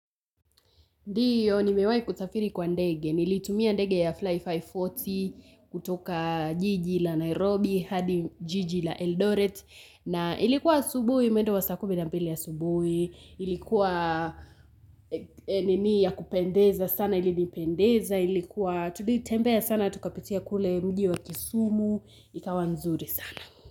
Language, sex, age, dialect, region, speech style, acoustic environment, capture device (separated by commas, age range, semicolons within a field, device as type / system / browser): Swahili, female, 18-24, Kenya - Nairobi Sheng-influenced, Kenya, happy; relaxed, silence; nature, mobile / Linux / Chrome